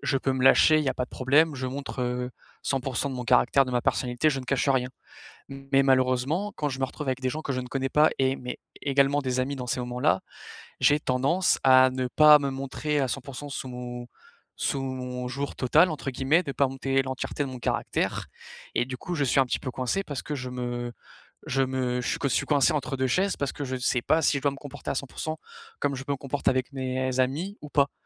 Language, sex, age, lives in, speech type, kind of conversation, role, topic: French, male, 20-24, France, conversation, advice, user, Comment gérer mon anxiété pendant des fêtes entre amis ?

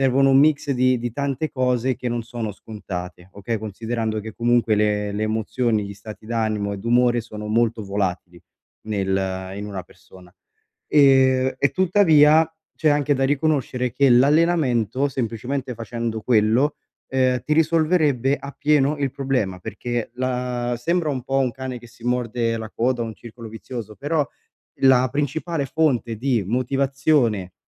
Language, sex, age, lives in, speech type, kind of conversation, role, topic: Italian, male, 25-29, Italy, conversation, advice, advisor, Come posso riconoscere e accettare i miei limiti fisici ed emotivi?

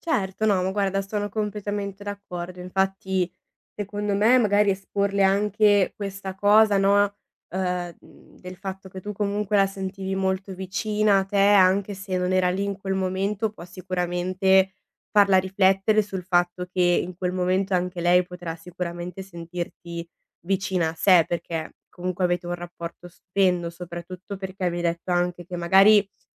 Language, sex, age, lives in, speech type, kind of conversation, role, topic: Italian, female, 20-24, Italy, conversation, advice, advisor, Come posso dire di no a un amico che mi fa una richiesta importante?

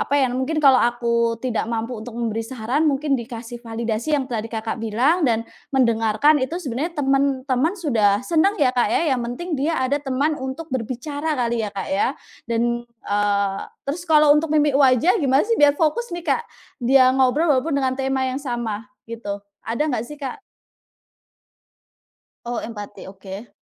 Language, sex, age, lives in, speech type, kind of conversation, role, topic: Indonesian, female, 30-34, Indonesia, conversation, advice, user, Bagaimana cara menjadi pendengar yang baik saat teman membutuhkan tempat untuk bercerita?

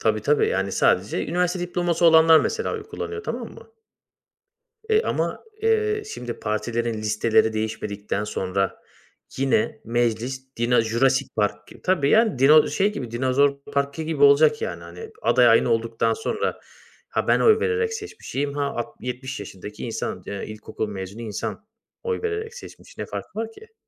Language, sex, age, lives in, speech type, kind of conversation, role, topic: Turkish, male, 30-34, Bulgaria, conversation, unstructured, none, Sence herkesin oy kullanması neden önemli?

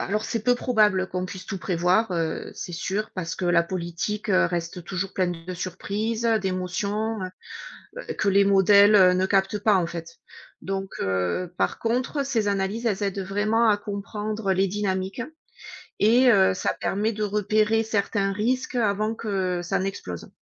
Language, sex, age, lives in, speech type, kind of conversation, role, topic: French, female, 40-44, United States, read, expert-assistant, expert, Tu connais des endroits en ligne où on peut explorer les différentes façons dont les pays s’organisent politiquement ?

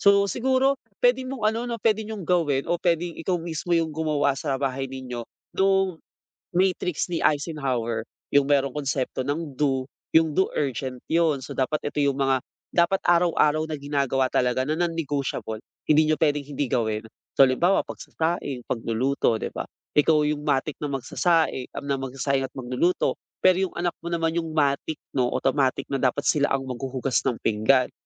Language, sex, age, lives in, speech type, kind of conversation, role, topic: Filipino, male, 25-29, Philippines, conversation, advice, advisor, Paano ko mas epektibong mahahati ang oras ko para sa pag-aaral, mga gawain sa bahay, at sa sarili ko?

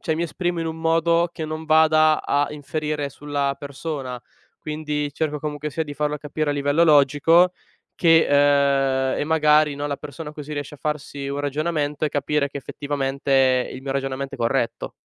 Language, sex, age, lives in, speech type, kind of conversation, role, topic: Italian, male, 20-24, Italy, conversation, podcast, guest, Che significa per te essere autentico quando ti esprimi?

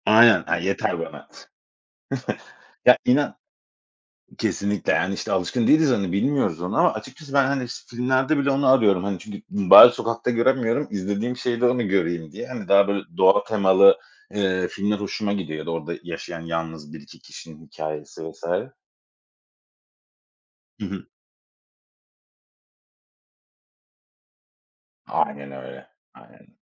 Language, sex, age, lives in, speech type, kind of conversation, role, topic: Turkish, male, 35-39, Spain, conversation, unstructured, none, Doğada yaşadığın unutulmaz bir an var mı?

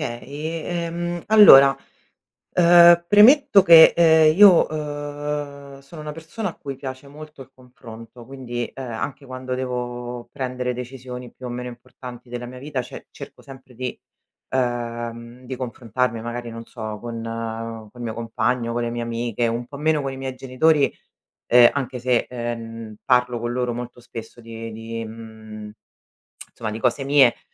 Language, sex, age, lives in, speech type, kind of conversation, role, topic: Italian, female, 35-39, Italy, conversation, podcast, guest, Come chiedere un feedback difficile senza sentirsi sotto esame?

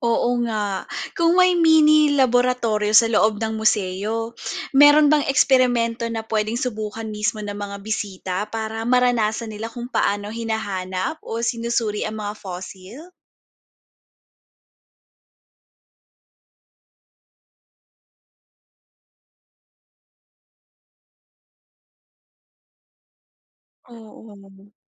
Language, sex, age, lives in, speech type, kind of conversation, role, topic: Filipino, female, 30-34, Philippines, read, expert-assistant, asker, Paano mapapalapit at magiging kapanapanabik para sa pamilya ang pagbisita sa museo ng sinaunang hayop?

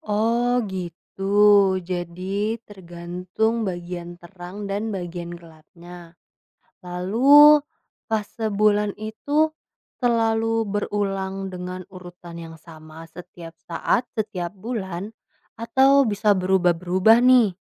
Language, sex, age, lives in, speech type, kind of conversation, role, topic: Indonesian, female, 20-24, Indonesia, read, expert-assistant, asker, Mengapa bulan tampak berubah bentuk setiap malam?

